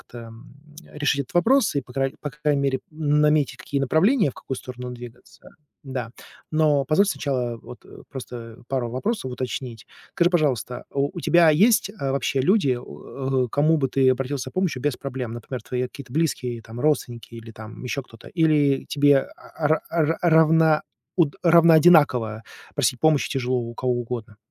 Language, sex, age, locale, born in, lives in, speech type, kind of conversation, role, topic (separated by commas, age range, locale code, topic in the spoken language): Russian, male, 40-44, ru-RU, Russia, United States, conversation, advice, advisor, Как перестать бояться просить о помощи и не чувствовать себя слабым или обузой?